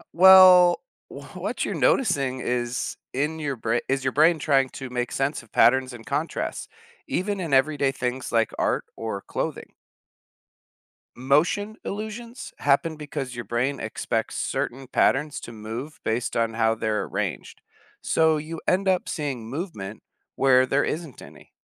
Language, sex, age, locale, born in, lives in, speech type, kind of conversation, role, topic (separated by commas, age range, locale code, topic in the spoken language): English, male, 30-34, en-US, United States, United States, read, expert-assistant, expert, How do optical illusions trick the brain?